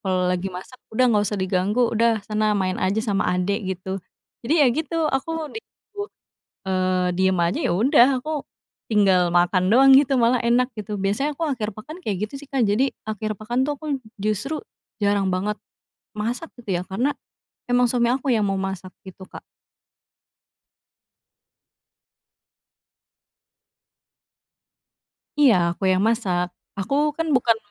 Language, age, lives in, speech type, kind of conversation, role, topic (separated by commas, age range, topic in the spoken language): Indonesian, 25-29, Indonesia, conversation, podcast, guest, Apa kebiasaan kecil yang membuat akhir pekanmu jadi lebih berkesan?